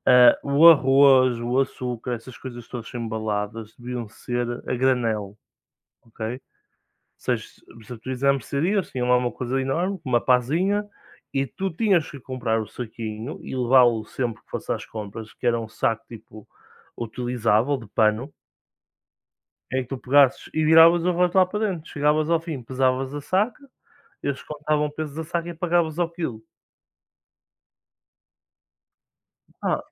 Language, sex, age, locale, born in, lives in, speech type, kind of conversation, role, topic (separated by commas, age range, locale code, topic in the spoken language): Portuguese, male, 20-24, pt-PT, Portugal, Portugal, conversation, unstructured, none, Como você se sente em relação à poluição dos rios e dos mares?